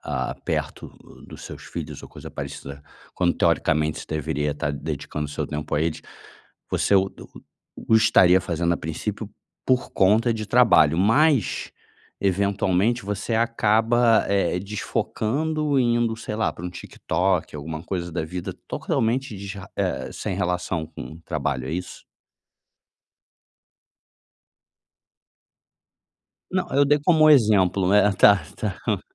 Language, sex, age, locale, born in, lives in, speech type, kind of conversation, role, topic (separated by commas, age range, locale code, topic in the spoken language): Portuguese, male, 35-39, pt-BR, Brazil, Germany, conversation, advice, advisor, Como posso me desconectar do celular e estar mais presente com outras pessoas?